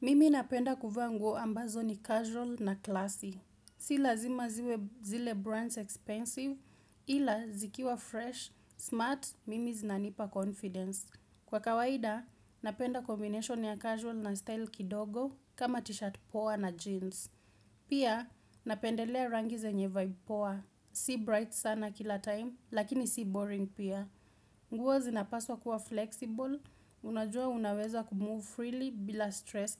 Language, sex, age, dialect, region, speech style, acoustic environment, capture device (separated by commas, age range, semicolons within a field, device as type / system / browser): Swahili, female, 18-24, Kenya - Nairobi Sheng-influenced, Kenya, happy; relaxed; excited; focused, silence; appliances, desktop / Windows / Chrome